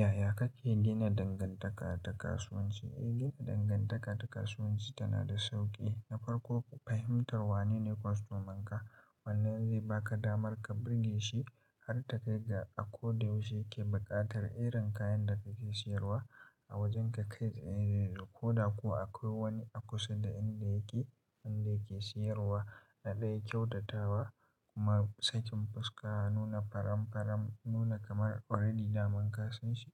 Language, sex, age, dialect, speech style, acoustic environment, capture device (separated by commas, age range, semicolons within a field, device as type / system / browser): Hausa, male, 18-24, Nigeria - Katsina, happy, silence, mobile / Linux / Chrome